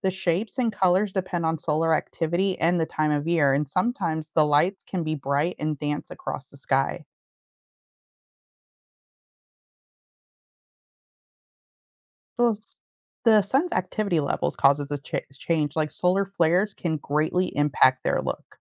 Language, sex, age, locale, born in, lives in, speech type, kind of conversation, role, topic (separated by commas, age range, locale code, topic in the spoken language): English, female, 35-39, en-US, United States, United States, read, expert-assistant, expert, What makes the northern lights appear in the sky?